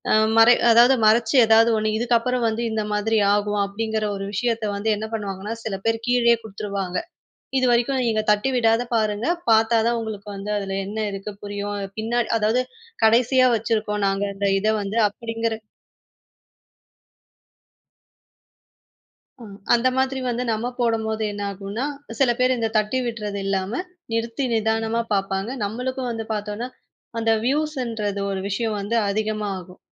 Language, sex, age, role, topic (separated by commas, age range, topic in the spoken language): Tamil, female, 20-24, guest, ஒரு குறும்படத்தை வைரலாக்க என்னென்ன செய்ய வேண்டும் என்று விளக்க முடியுமா?